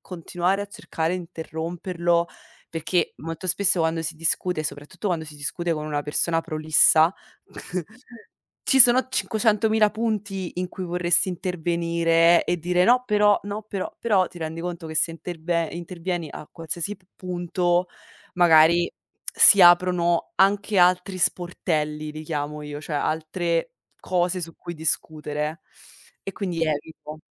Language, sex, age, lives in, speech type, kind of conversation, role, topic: Italian, female, 25-29, Italy, conversation, unstructured, none, Qual è il ruolo dell’ascolto in una discussione difficile?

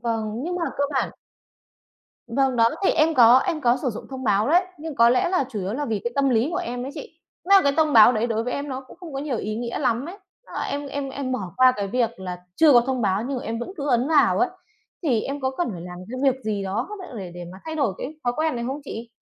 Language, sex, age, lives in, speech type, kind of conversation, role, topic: Vietnamese, female, 30-34, Vietnam, conversation, advice, user, Làm thế nào để bạn bỏ thói quen kiểm tra email liên tục khi đang làm việc?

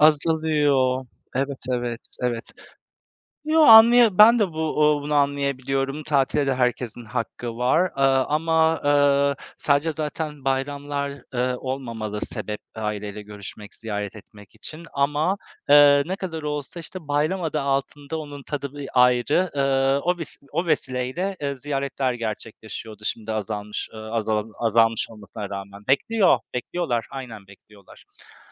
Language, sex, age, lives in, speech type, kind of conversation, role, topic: Turkish, male, 35-39, Poland, conversation, unstructured, none, Bayramlar sizin için ne ifade ediyor?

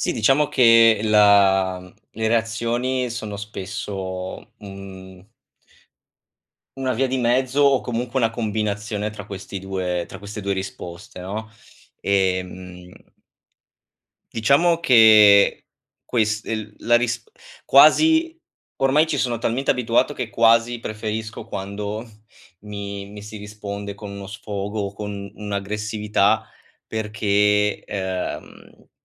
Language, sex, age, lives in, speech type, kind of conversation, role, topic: Italian, male, 25-29, Italy, conversation, advice, user, Come posso stabilire e mantenere limiti sani con familiari che hanno valori diversi?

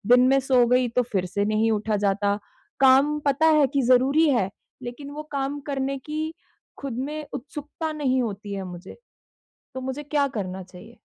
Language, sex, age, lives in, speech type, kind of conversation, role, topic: Hindi, female, 25-29, India, conversation, advice, user, विराम के बाद मैं अपनी नियमितता फिर से कैसे पा सकता/सकती हूँ?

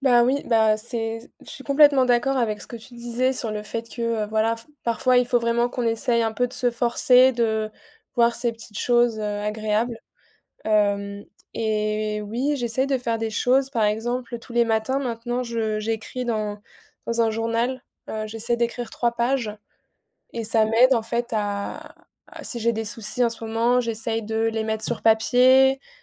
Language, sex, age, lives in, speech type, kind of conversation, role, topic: French, female, 20-24, France, conversation, unstructured, none, Comment trouves-tu du plaisir dans les petites choses ?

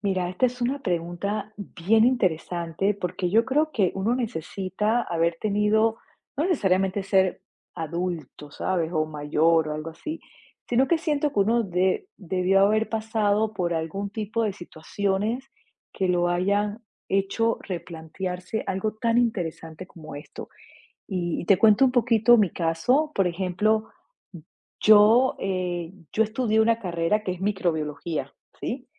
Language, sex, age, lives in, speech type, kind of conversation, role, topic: Spanish, female, 45-49, United States, conversation, podcast, guest, ¿Cómo equilibras vivir el presente con planear a largo plazo?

